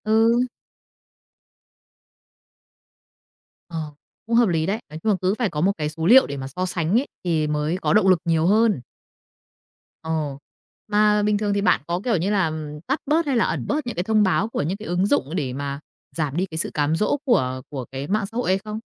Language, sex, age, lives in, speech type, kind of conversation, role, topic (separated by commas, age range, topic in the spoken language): Vietnamese, female, 30-34, Vietnam, conversation, podcast, host, Nói thật, bạn có mẹo nào để giảm nghiện mạng xã hội không?